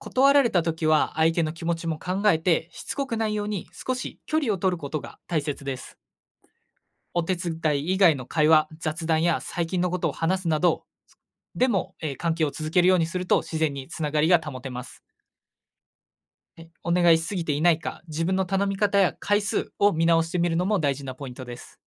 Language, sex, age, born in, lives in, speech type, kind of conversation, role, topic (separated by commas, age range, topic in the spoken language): Japanese, male, 20-24, Japan, Japan, read, expert-assistant, expert, 人が自分の夢を叶えるために必要なこととは